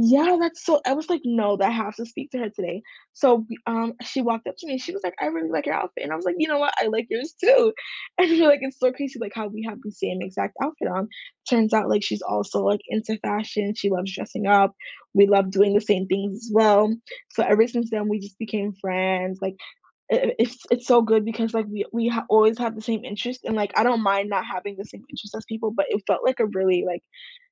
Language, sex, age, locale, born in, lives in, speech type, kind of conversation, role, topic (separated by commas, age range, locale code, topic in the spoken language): English, female, 18-19, en-US, United States, United States, conversation, unstructured, none, How did you meet your closest friend or partner?